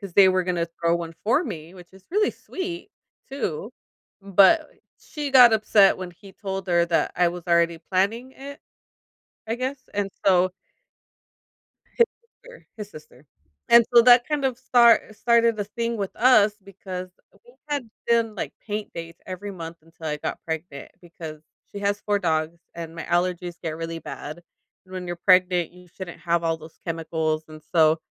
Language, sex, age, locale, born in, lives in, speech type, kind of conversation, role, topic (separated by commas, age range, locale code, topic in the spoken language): English, female, 35-39, en-US, United States, United States, conversation, advice, user, How can I improve my relationships with friends?